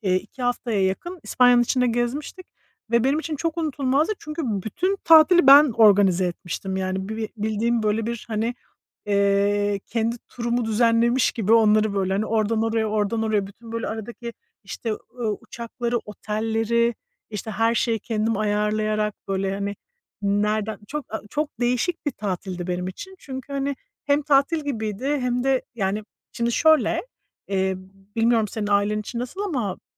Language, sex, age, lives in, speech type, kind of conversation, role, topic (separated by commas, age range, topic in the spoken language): Turkish, female, 40-44, United States, conversation, unstructured, none, Ailenle geçirdiğin en unutulmaz tatil hangisiydi?